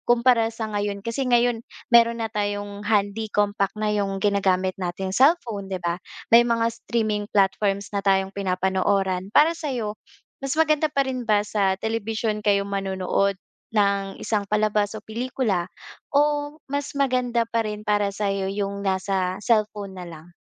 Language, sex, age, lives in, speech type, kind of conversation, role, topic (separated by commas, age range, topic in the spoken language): Filipino, female, 25-29, Philippines, conversation, podcast, host, Paano nagbabago ang paraan ng paglalantad ng kasarian sa mga palabas sa kasalukuyan?